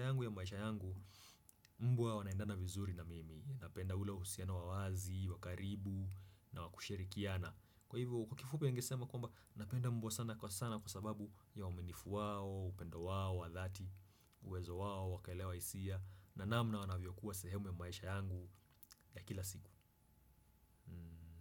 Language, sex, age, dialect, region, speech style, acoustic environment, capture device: Swahili, male, 25-34, Kenya - Nairobi Sheng-influenced, Kenya, happy; relaxed; excited; focused, silence; nature, desktop / Windows / Chrome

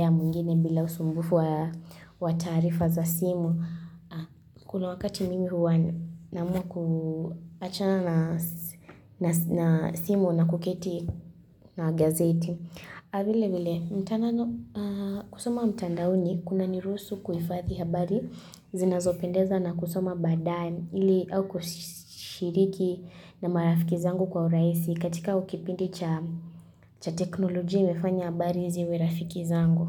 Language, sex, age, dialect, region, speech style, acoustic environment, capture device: Swahili, female, 18-24, Kenya - Kitui, Kenya, focused, silence, mobile / Linux / Chrome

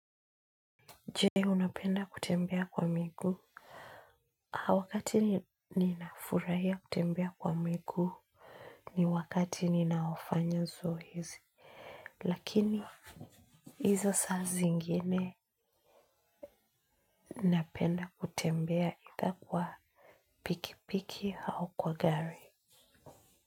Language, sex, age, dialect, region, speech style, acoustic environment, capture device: Swahili, female, 25-34, Kenya - Nairobi Sheng-influenced, Kenya, focused, silence, mobile / Linux / Chrome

